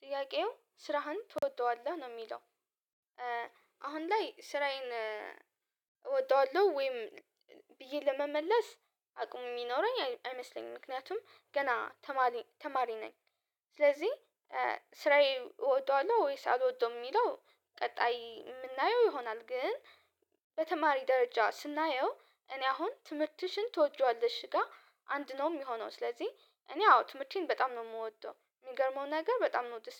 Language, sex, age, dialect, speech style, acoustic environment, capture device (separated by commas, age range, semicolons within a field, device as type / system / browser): Amharic, female, 18-24, Ethiopia - Addis Ababa, happy; relaxed, silence, mobile / Linux / Chrome